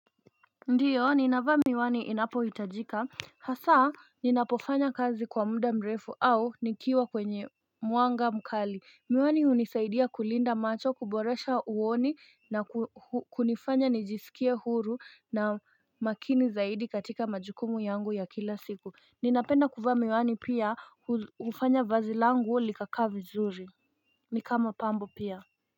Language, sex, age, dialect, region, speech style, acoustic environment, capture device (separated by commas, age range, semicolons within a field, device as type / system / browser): Swahili, female, 25-34, Kenya - Nairobi Sheng-influenced, Kenya, relaxed; focused, nature, mobile / Linux / Chrome